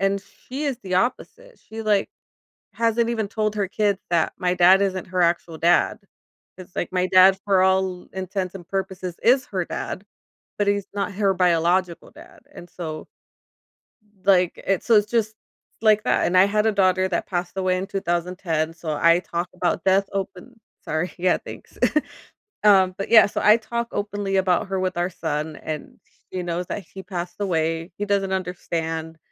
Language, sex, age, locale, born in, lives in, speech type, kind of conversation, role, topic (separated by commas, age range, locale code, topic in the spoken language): English, female, 35-39, en-US, United States, United States, conversation, advice, user, How can I stay calm during a tough conversation?